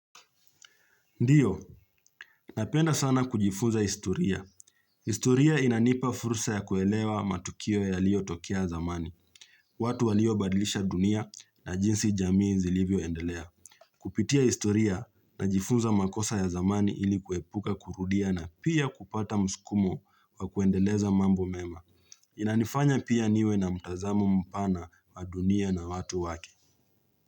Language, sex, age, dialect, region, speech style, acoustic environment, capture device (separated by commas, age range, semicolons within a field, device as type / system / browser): Swahili, male, 25-34, Kenya - Nairobi Sheng-influenced, Kenya, relaxed; focused, nature; silence, mobile / Linux / Chrome